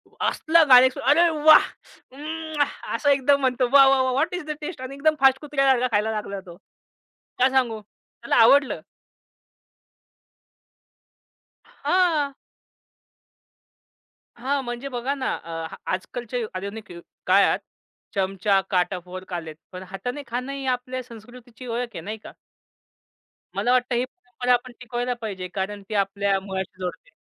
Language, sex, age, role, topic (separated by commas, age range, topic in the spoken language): Marathi, male, 25-29, guest, कोणत्या पदार्थांना तुमच्या कुटुंबात हाताने खाण्याची परंपरा आहे?